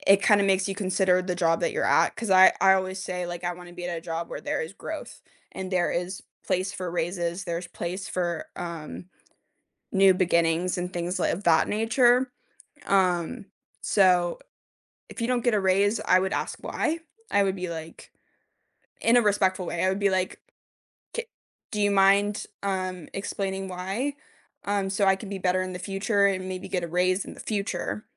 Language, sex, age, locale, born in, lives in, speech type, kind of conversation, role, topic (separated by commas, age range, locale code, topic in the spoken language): English, female, 25-29, en-US, United States, United States, conversation, unstructured, none, How do you build a strong case for a raise?